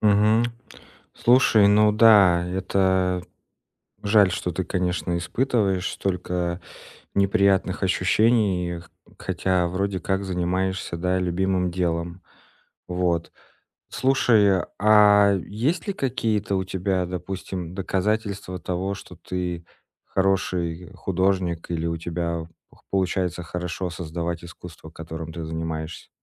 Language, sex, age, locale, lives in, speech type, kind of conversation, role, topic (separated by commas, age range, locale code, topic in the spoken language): Russian, male, 30-34, ru-RU, Estonia, conversation, advice, advisor, Как мне побороть синдром самозванца, чтобы укрепить свою профессиональную репутацию?